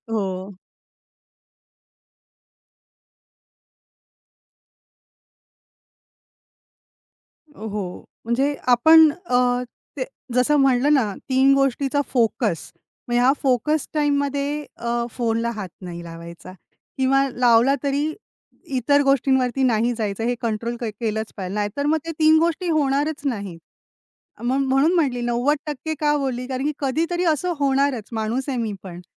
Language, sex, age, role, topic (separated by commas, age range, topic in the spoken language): Marathi, female, 35-39, guest, तुम्ही तुमची दैनंदिन उद्दिष्टे कशी ठरवता?